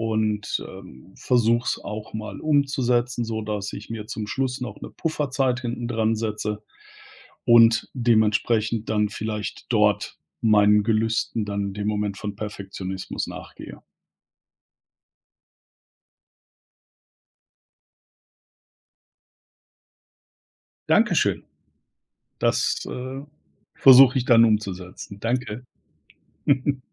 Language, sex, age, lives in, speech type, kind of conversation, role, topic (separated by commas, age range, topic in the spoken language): German, male, 50-54, Germany, conversation, advice, user, Wie verzögert Perfektionismus den Abschluss wichtiger Projekte?